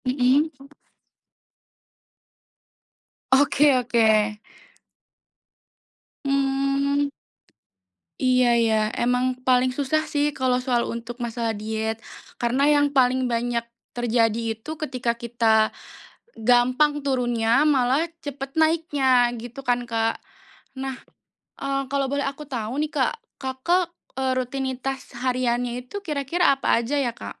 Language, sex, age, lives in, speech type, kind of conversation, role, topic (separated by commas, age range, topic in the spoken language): Indonesian, female, 25-29, Indonesia, conversation, advice, advisor, Bagaimana cara membiasakan makan sehat jika saya sulit mempertahankan kebiasaan makan sehat?